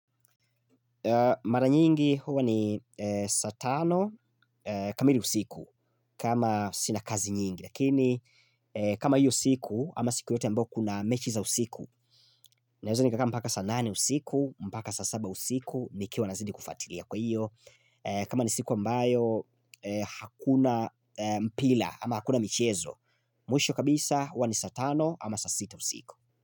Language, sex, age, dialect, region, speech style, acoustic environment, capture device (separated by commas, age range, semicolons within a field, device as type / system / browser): Swahili, male, 25-34, Tanzania - Dar es Salaam, Tanzania, focused; excited; happy, silence, mobile / Linux / Chrome